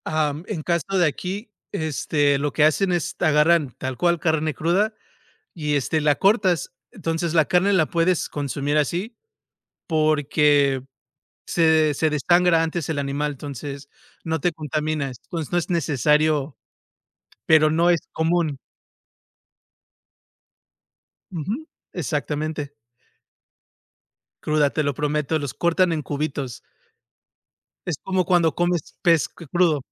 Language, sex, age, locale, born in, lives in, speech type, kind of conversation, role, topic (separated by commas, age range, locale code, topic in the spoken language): Spanish, male, 35-39, es-MX, United States, United States, conversation, unstructured, none, ¿Qué comida te hace sentir más feliz cuando la comes?